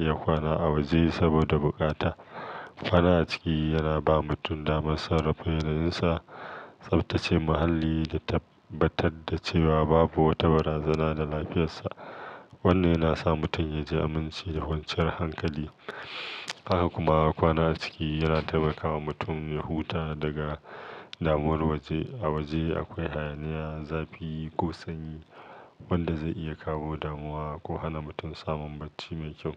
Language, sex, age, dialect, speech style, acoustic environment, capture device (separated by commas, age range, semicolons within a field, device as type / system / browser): Hausa, male, 35-44, Nigeria - Kano, focused; relaxed, silence; nature, mobile / Linux / Chrome